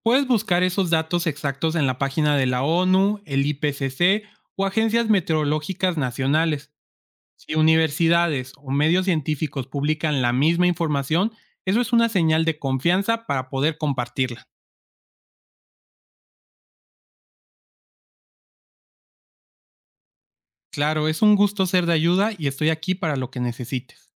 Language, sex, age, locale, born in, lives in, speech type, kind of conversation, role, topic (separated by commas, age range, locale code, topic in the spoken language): Spanish, male, 25-29, es-MX, Mexico, Mexico, read, expert-assistant, expert, ¿Cómo puedo saber si una noticia sobre el cambio climático está basada en datos científicos confiables?